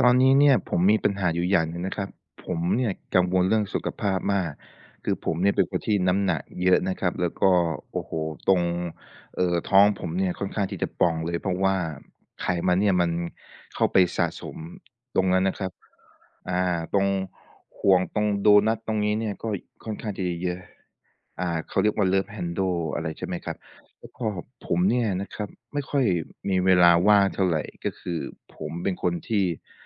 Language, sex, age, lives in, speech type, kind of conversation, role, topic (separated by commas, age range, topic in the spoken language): Thai, male, 25-29, Thailand, conversation, advice, user, ฉันกังวลเรื่องสุขภาพเพราะไม่มีเวลาวางแผนการออกกำลังกายและการกิน ควรเริ่มอย่างไร?